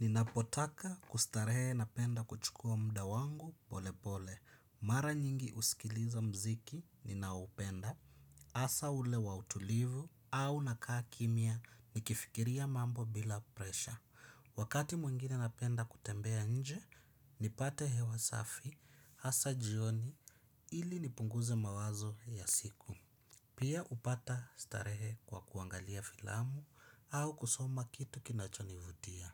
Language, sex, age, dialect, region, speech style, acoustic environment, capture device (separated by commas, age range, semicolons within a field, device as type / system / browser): Swahili, male, 25-34, Kenya - Mombasa, Kenya, happy, silence, desktop / Windows / Chrome